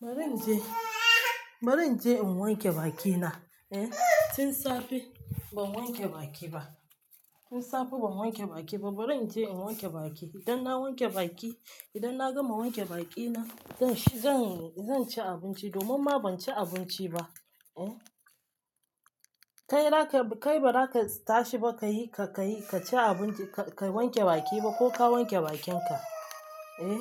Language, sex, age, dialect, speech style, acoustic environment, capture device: Hausa, female, 25-34, Nigeria - Lagos Yoruba, relaxed, silence, mobile / Linux / Chrome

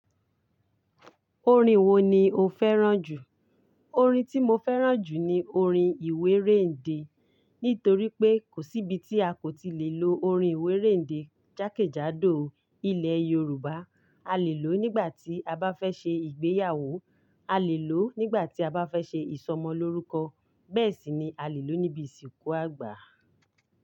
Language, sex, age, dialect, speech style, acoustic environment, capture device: Yoruba, female, 35-44, Nigeria - Ibadan, happy, silence, mobile / Linux / Chrome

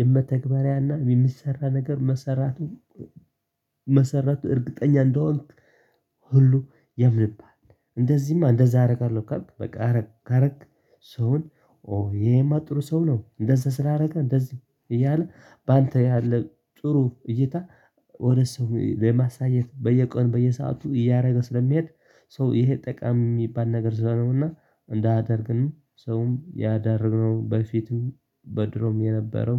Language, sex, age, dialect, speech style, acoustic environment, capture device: Amharic, male, 25-34, Ethiopia - Tigray, happy; tired; excited, people talking; silence, desktop / Linux / Chrome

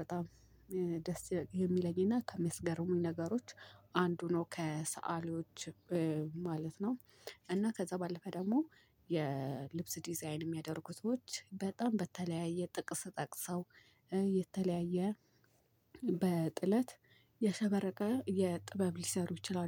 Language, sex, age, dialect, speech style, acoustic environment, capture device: Amharic, female, 18-24, Ethiopia - Addis Ababa, happy, people talking, mobile / Linux / Chrome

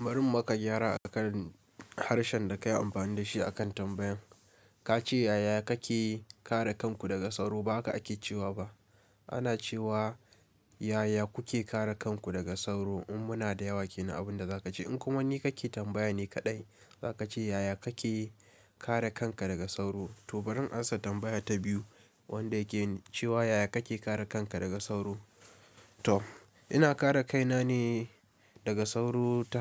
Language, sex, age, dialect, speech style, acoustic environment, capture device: Hausa, male, 18-24, Nigeria - Kano, relaxed; stressed, appliances, desktop / Linux / Chrome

